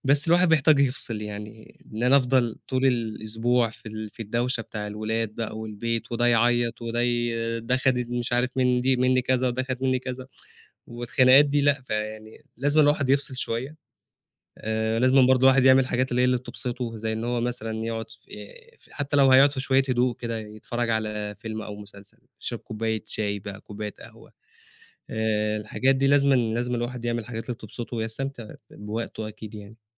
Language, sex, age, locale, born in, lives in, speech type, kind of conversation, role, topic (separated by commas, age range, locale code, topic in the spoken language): Arabic, male, 25-29, ar-EG, Egypt, Egypt, conversation, podcast, guest, إيه الحاجات البسيطة اللي بتفرّحك في البيت كل يوم؟